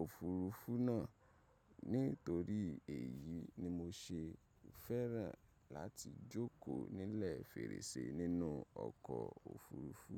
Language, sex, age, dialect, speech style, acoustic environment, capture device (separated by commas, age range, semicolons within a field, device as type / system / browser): Yoruba, male, 25-34, Nigeria - Ibadan, happy; excited, nature; silence, mobile / macOS / Safari